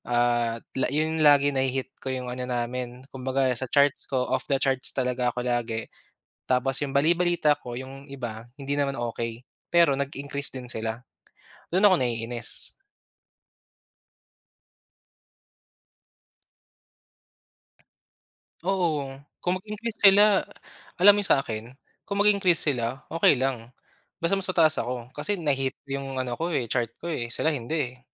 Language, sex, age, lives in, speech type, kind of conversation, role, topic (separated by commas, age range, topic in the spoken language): Filipino, male, 25-29, Philippines, conversation, unstructured, none, Naiinis ka ba sa hindi patas na sistema ng promosyon sa trabaho?